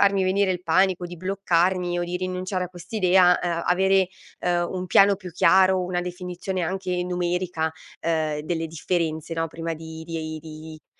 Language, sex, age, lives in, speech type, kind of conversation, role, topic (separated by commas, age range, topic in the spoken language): Italian, female, 30-34, Italy, conversation, advice, user, Come posso cambiare carriera senza rinunciare alla stabilità?